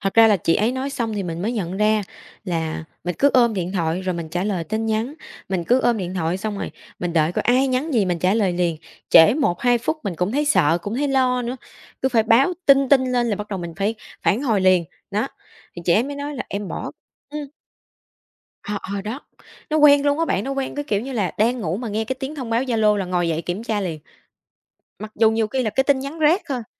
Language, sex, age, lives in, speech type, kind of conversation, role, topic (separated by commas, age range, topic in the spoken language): Vietnamese, female, 25-29, Vietnam, conversation, podcast, guest, Ranh giới giữa công việc và việc nhắn tin ngoài giờ nên được đặt ra như thế nào?